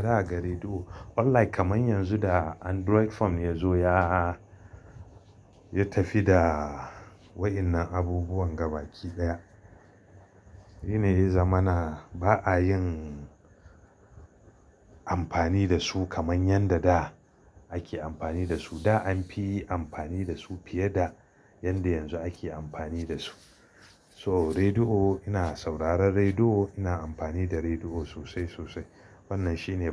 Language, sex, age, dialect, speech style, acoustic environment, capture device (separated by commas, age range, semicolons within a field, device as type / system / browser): Hausa, male, 35-44, Nigeria - Kano, excited, silence, mobile / Linux / Chrome